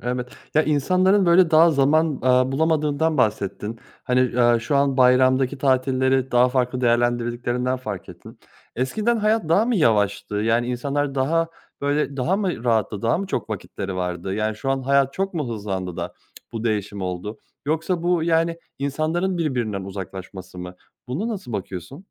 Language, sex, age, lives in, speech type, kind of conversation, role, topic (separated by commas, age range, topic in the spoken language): Turkish, male, 30-34, Germany, conversation, podcast, host, Bayramlarda giyinme alışkanlıkların nasıl değişiyor?